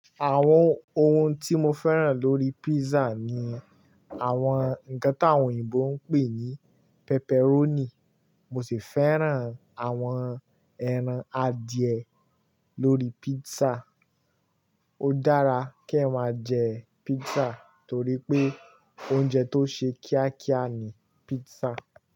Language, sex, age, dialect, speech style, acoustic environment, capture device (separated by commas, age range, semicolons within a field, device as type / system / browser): Yoruba, male, 18-24, Nigeria - Kano, relaxed, nature, mobile / Linux / Chrome